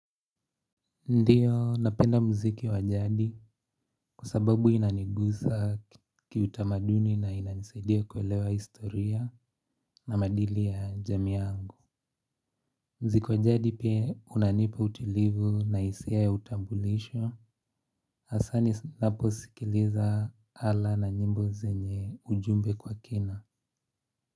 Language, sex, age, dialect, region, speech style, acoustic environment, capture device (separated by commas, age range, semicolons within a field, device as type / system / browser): Swahili, male, 18-24, Kenya - Nairobi Sheng-influenced, Kenya, relaxed; focused, traffic; nature; silence, mobile / Linux / Chrome